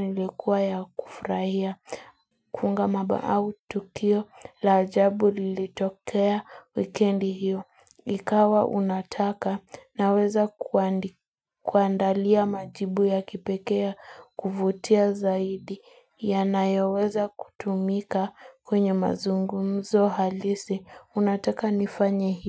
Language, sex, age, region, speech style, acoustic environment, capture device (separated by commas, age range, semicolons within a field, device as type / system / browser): Swahili, female, 18-24, Kenya, focused; nervous, nature, mobile / Linux / Chrome